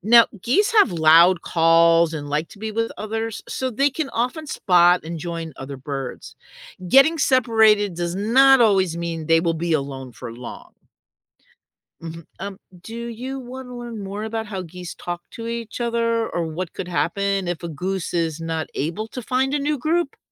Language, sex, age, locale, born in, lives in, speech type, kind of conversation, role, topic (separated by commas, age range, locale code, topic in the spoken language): English, female, 60-64, en-US, United States, United States, read, expert-assistant, expert, How do birds navigate during long migrations?